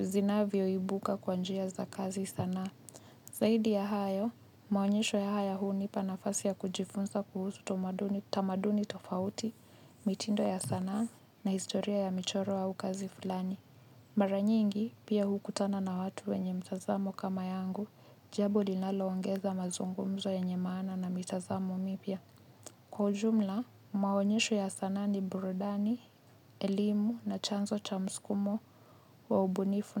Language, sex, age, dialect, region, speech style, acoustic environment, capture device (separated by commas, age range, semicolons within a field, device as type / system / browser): Swahili, female, 25-34, Kenya - Nairobi Sheng-influenced, Kenya, happy, people talking, mobile / Linux / Chrome